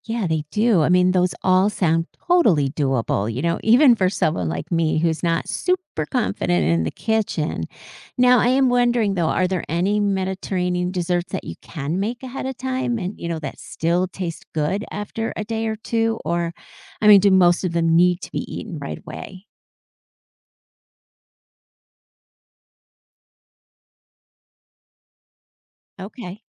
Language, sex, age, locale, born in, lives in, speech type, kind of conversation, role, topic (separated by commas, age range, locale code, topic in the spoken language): English, female, 55-59, en-US, United States, United States, read, expert-assistant, asker, Which cuisine would you choose to eat forever?